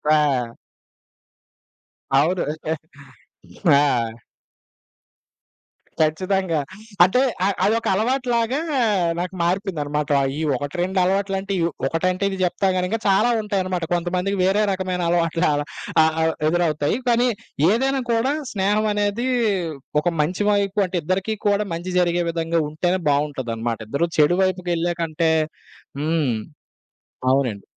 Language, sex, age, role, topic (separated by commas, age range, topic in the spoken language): Telugu, male, 25-29, guest, కొత్తగా పరిచయమైన వాళ్లతో మీరు స్నేహాన్ని ఎలా పెంచుకుంటారు?